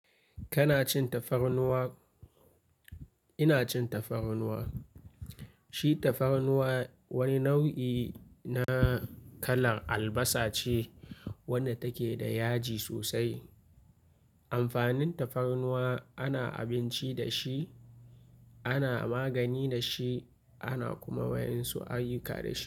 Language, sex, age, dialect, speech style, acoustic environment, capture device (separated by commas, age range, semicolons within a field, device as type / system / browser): Hausa, male, 25-34, Nigeria - Kano, happy; focused, nature; silence, mobile / Linux / Chrome